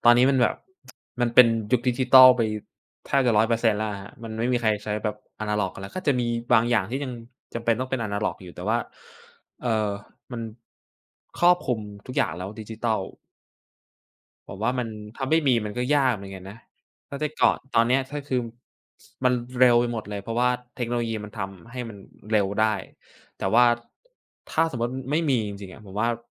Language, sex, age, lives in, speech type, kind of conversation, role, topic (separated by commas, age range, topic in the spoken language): Thai, male, 20-24, Thailand, conversation, unstructured, none, เทคโนโลยีอะไรช่วยให้ชีวิตของคุณง่ายขึ้นมากที่สุด?